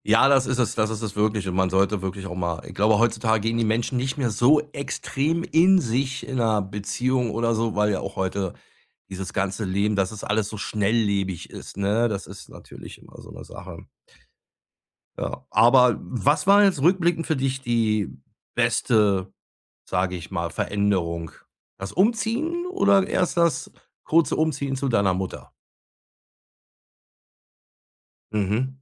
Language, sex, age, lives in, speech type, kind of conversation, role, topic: German, male, 50-54, Germany, conversation, podcast, host, Welche kleinen Veränderungen haben deine Energie am meisten verbessert?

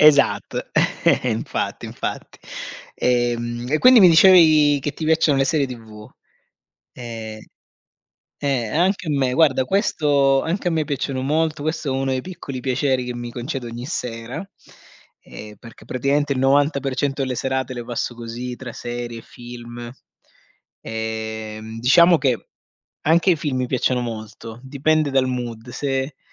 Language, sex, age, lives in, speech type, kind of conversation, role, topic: Italian, male, 40-44, Germany, conversation, unstructured, none, Qual è il piccolo piacere quotidiano a cui non rinunceresti mai?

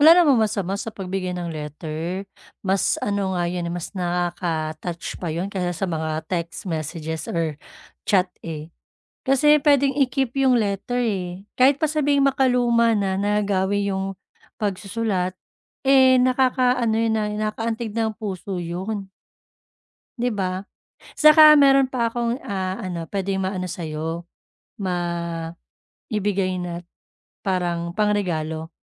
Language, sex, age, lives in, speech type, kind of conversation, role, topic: Filipino, female, 35-39, Philippines, conversation, advice, advisor, Paano ako pipili ng angkop na regalong magugustuhan ng lahat?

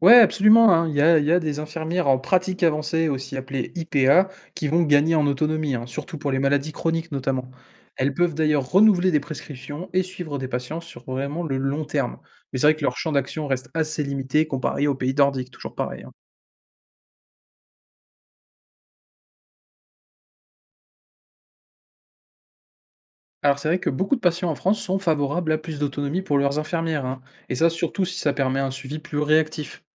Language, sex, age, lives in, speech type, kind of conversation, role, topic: French, male, 20-24, France, read, expert-assistant, expert, Pourquoi le métier d’infirmière est-il perçu différemment selon les pays ?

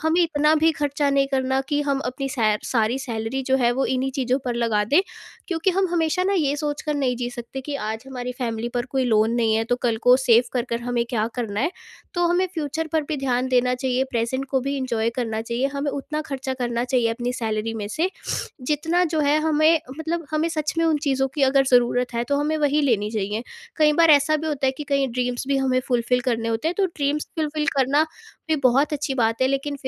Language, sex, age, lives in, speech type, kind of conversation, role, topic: Hindi, female, 18-19, India, conversation, podcast, guest, Future ko secure karna ya present ko dil se enjoy karna—tum kya choose karoge?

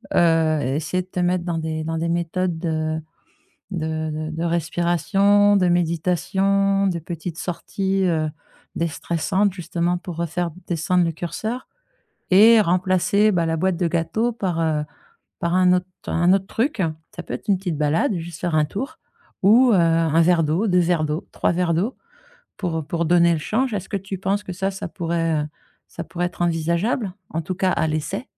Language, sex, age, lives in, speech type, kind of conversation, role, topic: French, female, 50-54, France, conversation, advice, advisor, Je mange plus quand je suis stressé : comment contrôler mes envies et réduire ma consommation de caféine ?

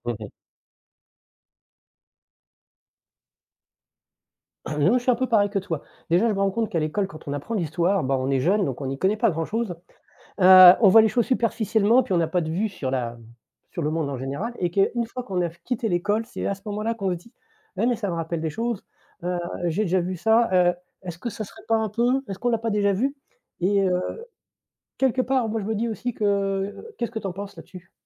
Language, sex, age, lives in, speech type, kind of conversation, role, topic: French, male, 50-54, France, conversation, unstructured, none, Comment l’histoire peut-elle nous aider à éviter de répéter les erreurs du passé ?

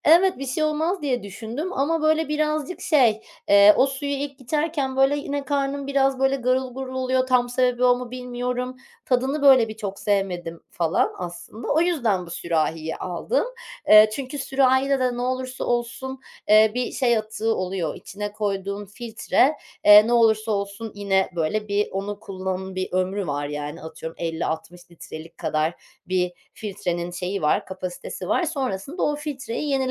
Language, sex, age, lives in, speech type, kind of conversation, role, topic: Turkish, female, 30-34, Netherlands, conversation, podcast, guest, Atık azaltmak için günlük hayatında hangi küçük alışkanlıkları benimsedin?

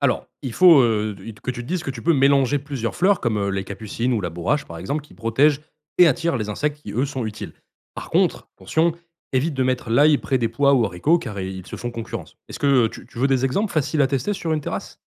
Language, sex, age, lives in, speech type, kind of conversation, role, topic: French, male, 25-29, France, read, expert-assistant, expert, Si on veut avoir un beau potager toute l’année, comment s’organiser pour choisir et planter les bonnes cultures au bon moment ?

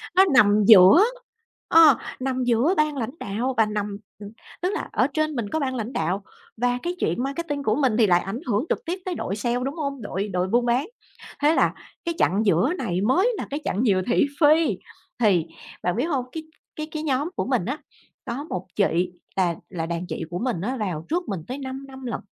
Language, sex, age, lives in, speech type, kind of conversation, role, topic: Vietnamese, female, 40-44, Vietnam, conversation, podcast, guest, Bạn có tin rằng có thể thẳng thắn mà vẫn tử tế không?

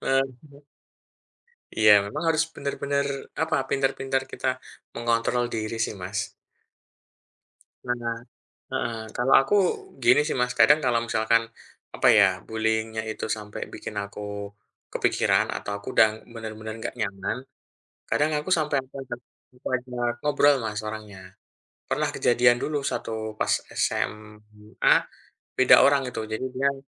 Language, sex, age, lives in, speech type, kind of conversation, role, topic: Indonesian, male, 40-44, Indonesia, conversation, unstructured, none, Bagaimana kamu mengatasi rasa marah saat identitasmu diserang?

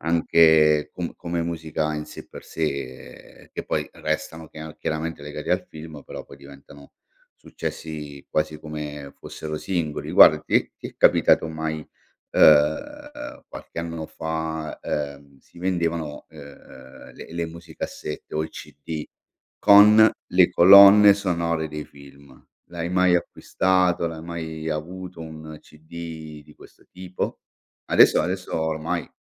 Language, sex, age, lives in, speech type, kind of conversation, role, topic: Italian, male, 45-49, Italy, conversation, podcast, host, Quanto conta la colonna sonora in un film?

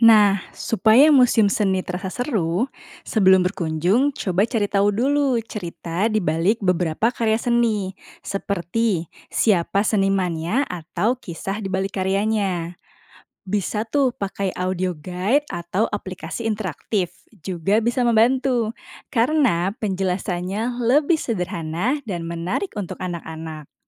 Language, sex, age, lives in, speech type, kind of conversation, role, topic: Indonesian, female, 30-34, Indonesia, read, expert-assistant, expert, Bagaimana membuat kunjungan ke museum seni lebih menarik untuk anak-anak?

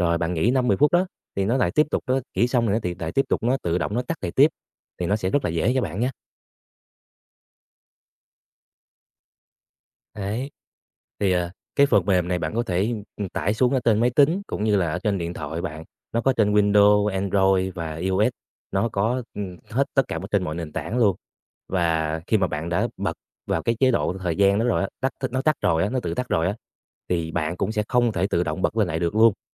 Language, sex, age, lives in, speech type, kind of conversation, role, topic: Vietnamese, male, 20-24, Vietnam, conversation, advice, advisor, Bạn thường bị xao nhãng bởi những điều gì khi làm việc tại nhà?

